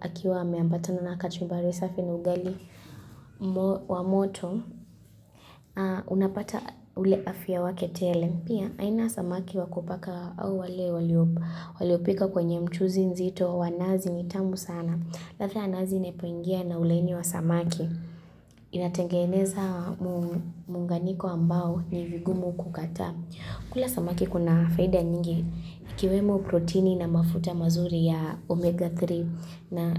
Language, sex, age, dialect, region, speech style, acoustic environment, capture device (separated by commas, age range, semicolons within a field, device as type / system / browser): Swahili, female, 18-24, Kenya - Kitui, Kenya, focused, silence, mobile / Linux / Chrome